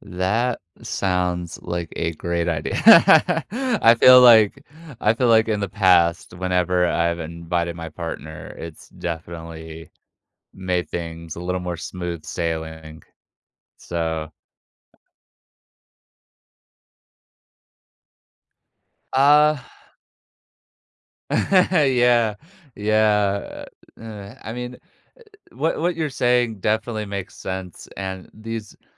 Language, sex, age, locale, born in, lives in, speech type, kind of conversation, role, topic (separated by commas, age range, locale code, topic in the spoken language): English, male, 25-29, en-US, United States, United States, conversation, advice, user, How can I stop feeling lonely when I'm surrounded by people?